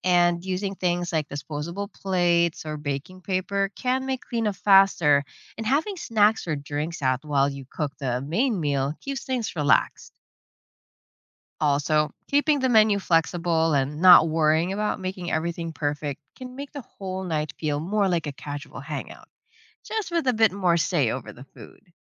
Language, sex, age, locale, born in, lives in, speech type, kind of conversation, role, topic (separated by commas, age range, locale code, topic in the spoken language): English, female, 30-34, en-US, Philippines, United States, read, expert-assistant, expert, What are the pros and cons of cooking at home versus eating out?